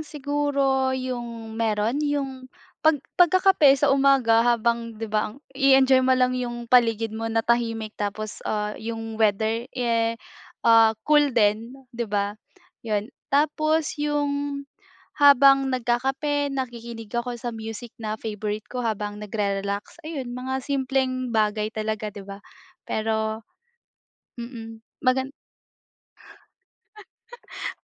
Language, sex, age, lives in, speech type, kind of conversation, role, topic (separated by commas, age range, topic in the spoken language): Filipino, female, 20-24, Philippines, conversation, unstructured, none, Ano ang mga simpleng bagay na nagpapasaya sa’yo?